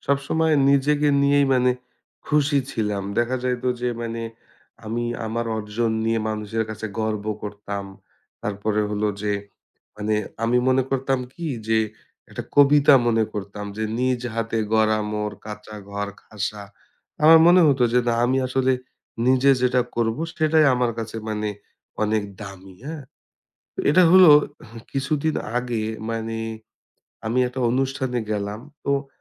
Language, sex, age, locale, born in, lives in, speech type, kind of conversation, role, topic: Bengali, male, 30-34, bn-BD, Bangladesh, Bangladesh, conversation, advice, user, অন্যদের সঙ্গে নিজেকে তুলনা করে অনুপ্রেরণা খুঁজতে গেলে কেন আপনি নিজেকে দুর্বল অনুভব করেন?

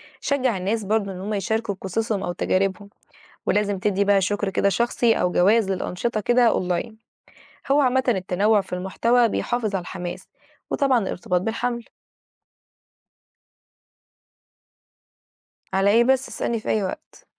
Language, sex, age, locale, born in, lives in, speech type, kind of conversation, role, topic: Arabic, female, 20-24, ar-EG, Egypt, Egypt, read, expert-assistant, expert, إيه أفضل الطرق لتنظيم حملات توعية بيئية في الحي أو المجتمع بحيث يكون ليها تأثير فعلي ومستدام؟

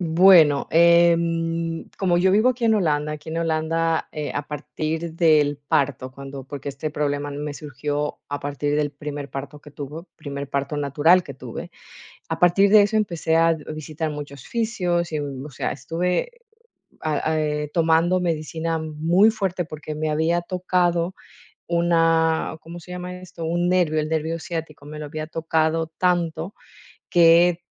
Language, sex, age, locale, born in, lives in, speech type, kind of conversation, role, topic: Spanish, female, 40-44, es-EC, Ecuador, Netherlands, conversation, advice, user, ¿Cómo puedo empezar a entrenar si me siento inseguro sobre mi rendimiento físico?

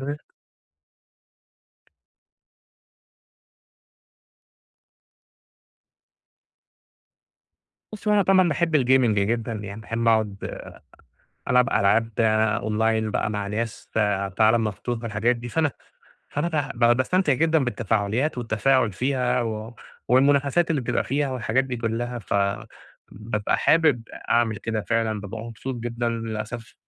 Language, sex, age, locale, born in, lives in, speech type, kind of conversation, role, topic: Arabic, male, 30-34, ar-EG, Egypt, Egypt, conversation, advice, user, إزاي ألاقي وقت كفاية أتابع بيه اهتماماتي وهواياتي وسط يومي المشغول؟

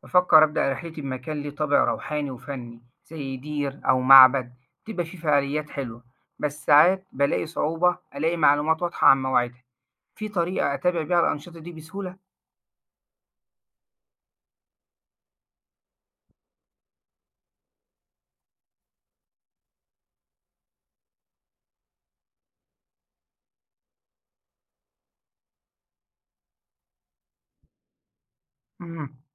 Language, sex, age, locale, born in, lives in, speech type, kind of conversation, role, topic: Arabic, male, 40-44, ar-EG, Egypt, Egypt, read, expert-assistant, asker, لو عايز أزور أماكن دينية مشهورة من أديان مختلفة، إزاي أخطط الرحلة دي وأستفيد منها؟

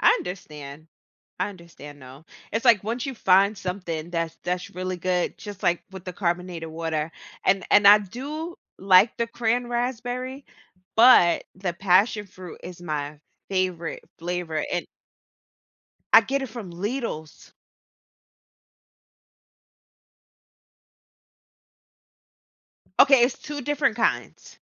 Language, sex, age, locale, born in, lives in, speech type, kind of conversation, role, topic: English, female, 35-39, en-US, United States, United States, conversation, unstructured, none, What influences your preference for sweet or savory snacks?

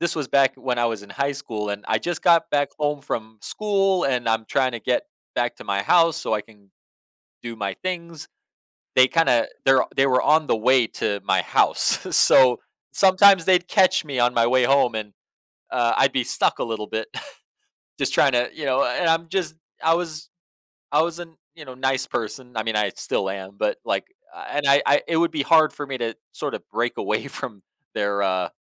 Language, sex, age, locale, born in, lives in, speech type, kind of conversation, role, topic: English, male, 30-34, en-US, United States, United States, conversation, podcast, guest, What are some effective ways to become a more attentive and engaged listener in everyday conversations?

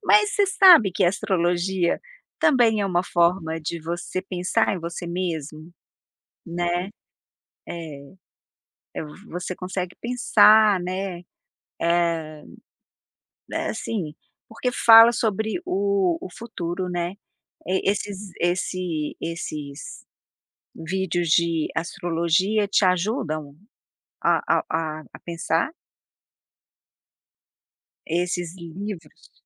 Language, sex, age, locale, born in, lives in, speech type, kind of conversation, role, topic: Portuguese, female, 55-59, pt-BR, Brazil, United States, conversation, podcast, host, O que te ajuda a seguir em frente após um tropeço?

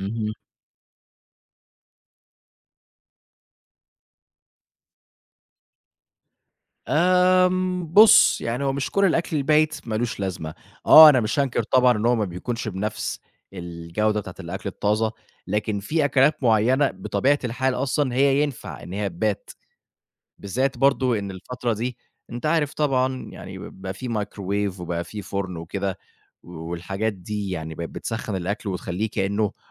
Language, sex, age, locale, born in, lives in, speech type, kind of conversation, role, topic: Arabic, male, 25-29, ar-EG, Egypt, Egypt, conversation, podcast, guest, إزاي تتعاملي بذكاء مع بقايا الأكل؟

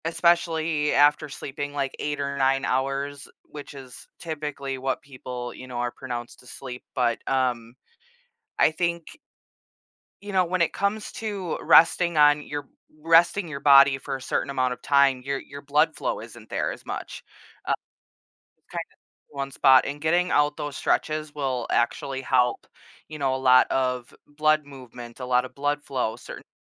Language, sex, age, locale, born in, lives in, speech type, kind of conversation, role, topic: English, female, 30-34, en-US, United States, United States, conversation, podcast, guest, How can we make regular movement a natural part of our everyday lives?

